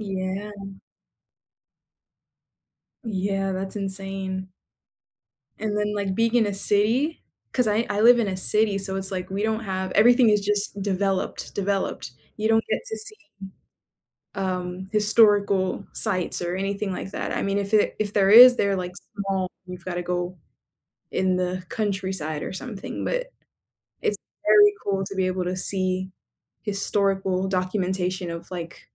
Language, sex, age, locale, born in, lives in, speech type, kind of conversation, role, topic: English, female, 20-24, en-US, United States, United States, conversation, unstructured, none, What is your favorite place you have ever traveled to?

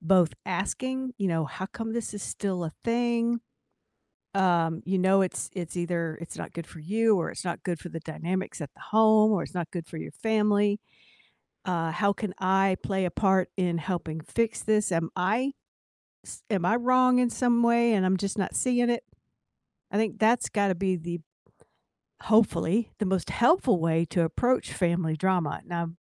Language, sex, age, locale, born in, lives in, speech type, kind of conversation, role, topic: English, female, 60-64, en-US, United States, United States, conversation, unstructured, none, How do you handle recurring family drama?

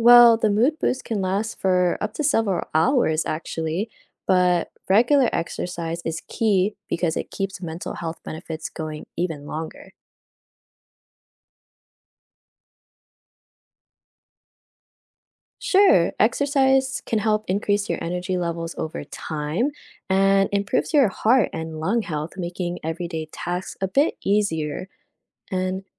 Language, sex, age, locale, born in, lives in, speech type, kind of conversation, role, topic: English, female, 20-24, en-US, United States, United States, read, expert-assistant, expert, What are the benefits of regular exercise for mental health?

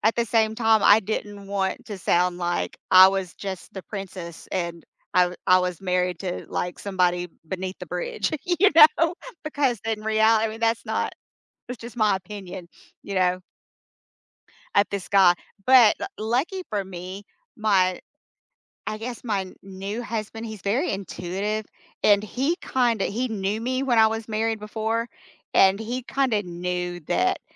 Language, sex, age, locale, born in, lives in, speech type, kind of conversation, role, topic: English, female, 50-54, en-US, United States, United States, conversation, unstructured, none, What’s a moment that changed how you see life?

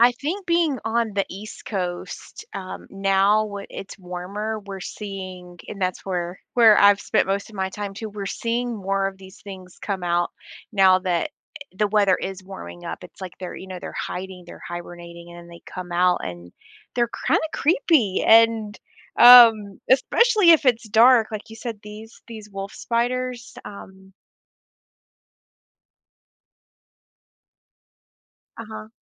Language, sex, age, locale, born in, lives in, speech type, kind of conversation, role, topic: English, female, 35-39, en-US, United States, United States, conversation, unstructured, none, What is the most surprising animal encounter you have ever had?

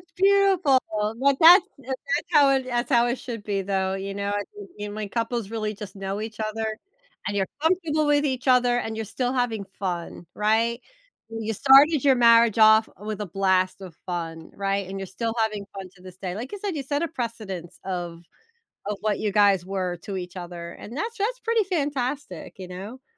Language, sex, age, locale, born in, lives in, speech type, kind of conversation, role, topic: English, female, 45-49, en-US, United States, United States, conversation, advice, advisor, How do I respond when I find out my partner planned a surprise trip without telling me?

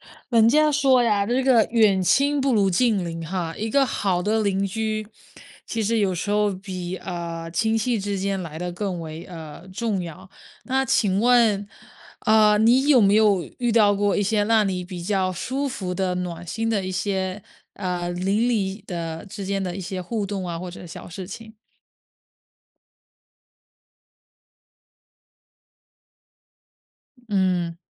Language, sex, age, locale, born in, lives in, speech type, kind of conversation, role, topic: Chinese, female, 25-29, zh-CN, China, United States, conversation, podcast, host, 你遇到过哪些让你觉得很暖心的邻里小事？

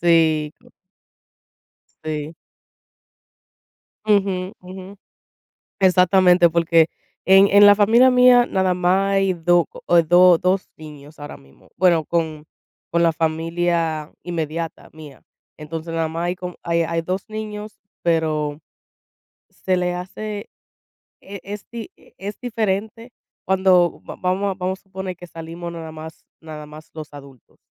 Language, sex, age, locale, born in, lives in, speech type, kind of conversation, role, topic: Spanish, female, 25-29, es-US, United States, United States, conversation, unstructured, none, ¿Qué actividades disfrutas hacer con tu familia?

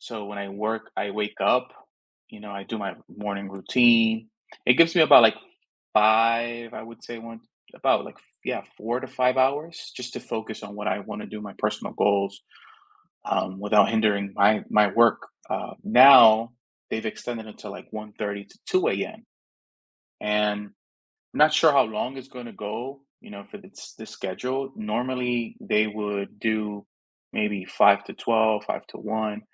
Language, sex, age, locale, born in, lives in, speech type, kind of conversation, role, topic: English, male, 30-34, en-US, United States, United States, conversation, advice, user, How can I balance work responsibilities while making steady progress on my personal goals?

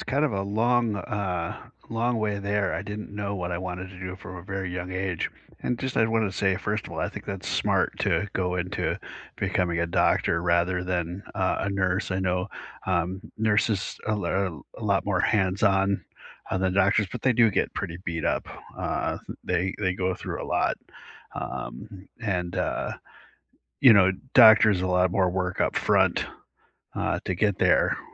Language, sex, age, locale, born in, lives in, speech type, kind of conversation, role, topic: English, male, 50-54, en-US, United States, United States, conversation, unstructured, none, How did you choose your current career path?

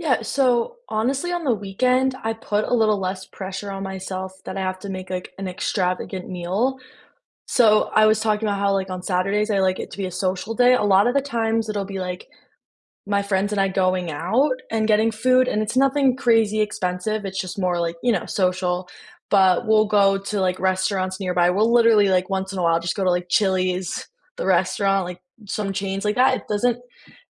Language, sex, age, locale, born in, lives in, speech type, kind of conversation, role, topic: English, female, 20-24, en-US, United States, United States, conversation, unstructured, none, Which weekend rituals leave you feeling recharged, and how do they set you up for the week?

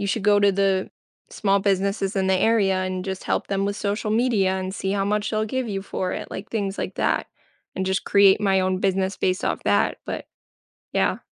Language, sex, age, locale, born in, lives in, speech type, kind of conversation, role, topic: English, female, 25-29, en-US, United States, United States, conversation, advice, user, How can I rebuild my career and find stable work after a job loss?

